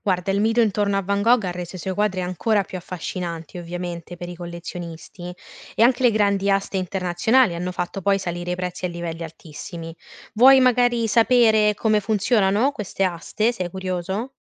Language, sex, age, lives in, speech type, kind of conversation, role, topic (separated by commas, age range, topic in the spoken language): Italian, female, 20-24, Italy, read, expert-assistant, expert, Sui social si dice spesso che Van Gogh ha venduto solo un quadro in vita: quanto c’è di vero?